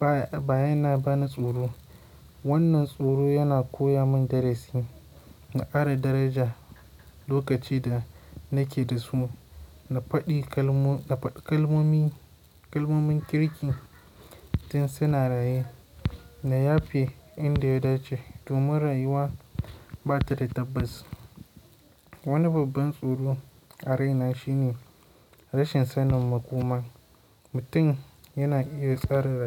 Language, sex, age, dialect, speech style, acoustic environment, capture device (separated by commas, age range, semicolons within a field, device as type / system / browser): Hausa, male, 18-24, Nigeria - Kano, relaxed, nature, mobile / Linux / Chrome